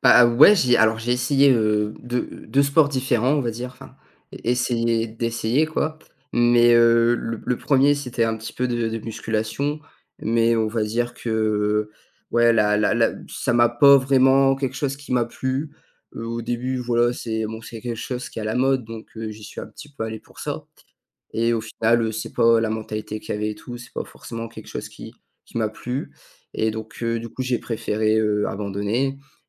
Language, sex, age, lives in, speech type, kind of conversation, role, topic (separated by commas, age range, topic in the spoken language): French, male, 18-19, France, conversation, advice, user, Comment puis-je commencer et maintenir une routine d’exercice régulière ?